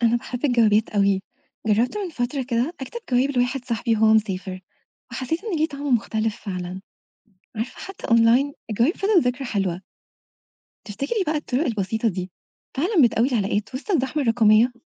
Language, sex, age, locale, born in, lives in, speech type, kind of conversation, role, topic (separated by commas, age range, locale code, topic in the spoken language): Arabic, female, 25-29, ar-EG, Egypt, Egypt, read, expert-assistant, asker, إزاي ممكن العادات الاجتماعية تتغير مع ظهور تكنولوجيا جديدة في المجتمع؟